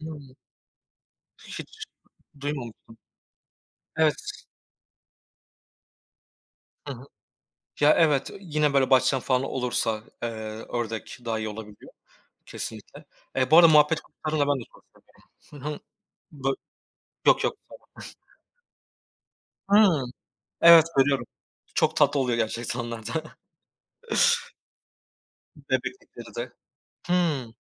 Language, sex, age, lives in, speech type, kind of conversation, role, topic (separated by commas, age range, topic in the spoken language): Turkish, male, 25-29, Poland, conversation, unstructured, none, Kediyi mi yoksa köpeği mi daha çok seversiniz?